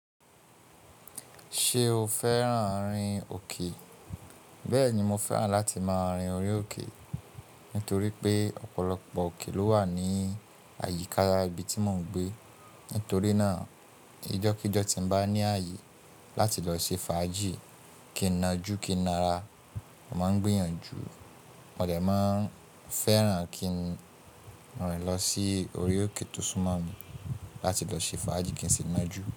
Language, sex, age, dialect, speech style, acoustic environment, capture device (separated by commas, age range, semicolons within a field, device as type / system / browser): Yoruba, male, 25-34, Nigeria - Ibadan, relaxed, silence, mobile / Linux / Chrome